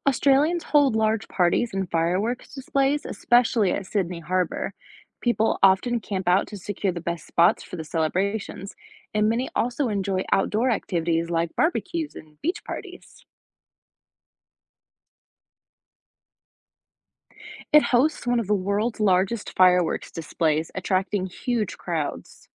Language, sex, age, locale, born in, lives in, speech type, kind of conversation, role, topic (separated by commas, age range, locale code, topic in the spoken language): English, female, 25-29, en-US, United States, United States, read, expert-assistant, expert, How do different cultures celebrate the new year?